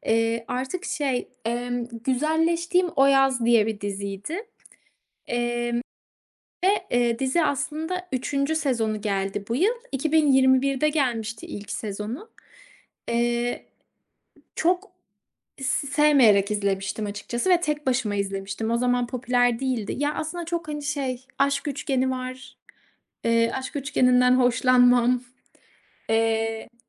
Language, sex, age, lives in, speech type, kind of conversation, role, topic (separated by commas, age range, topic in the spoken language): Turkish, female, 25-29, Italy, conversation, podcast, guest, Yayın takvimi: haftalık mı yoksa tüm sezonu aynı anda vermek mi daha iyi?